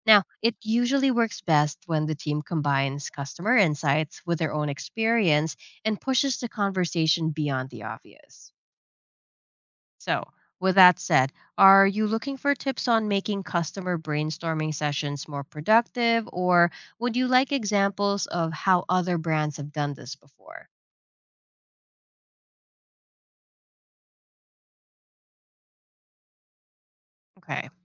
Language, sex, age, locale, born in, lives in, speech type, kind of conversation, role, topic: English, female, 30-34, en-US, Philippines, United States, read, expert-assistant, expert, What are some innovative ways businesses can stand out from their competitors today?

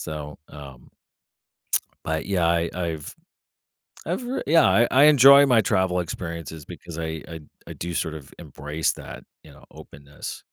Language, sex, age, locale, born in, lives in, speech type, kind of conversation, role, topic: English, male, 45-49, en-US, United States, United States, conversation, podcast, guest, How do travel experiences shape the friendships we form along the way?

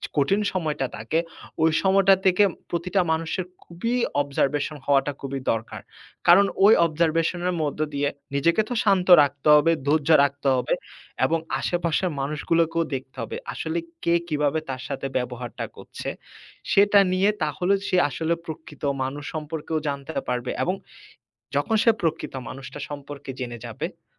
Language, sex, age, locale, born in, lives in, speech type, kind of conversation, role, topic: Bengali, male, 25-29, bn-BD, Bangladesh, Finland, conversation, unstructured, none, কঠিন সময়ে তুমি কীভাবে মনোবল ধরে রাখো?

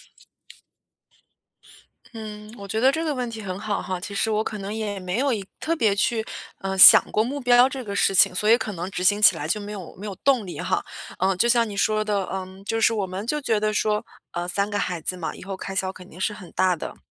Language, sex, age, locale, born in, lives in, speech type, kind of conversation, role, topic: Chinese, female, 35-39, zh-CN, China, United States, conversation, advice, user, 我想省钱却总觉得被剥夺，这种内心矛盾该怎么办？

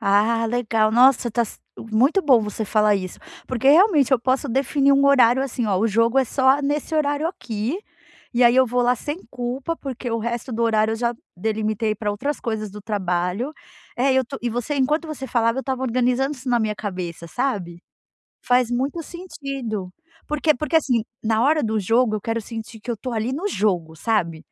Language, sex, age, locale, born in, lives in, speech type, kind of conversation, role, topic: Portuguese, female, 40-44, pt-BR, Brazil, United States, conversation, advice, user, Como posso brincar e descansar sem sentir culpa ou pressão para ser produtivo?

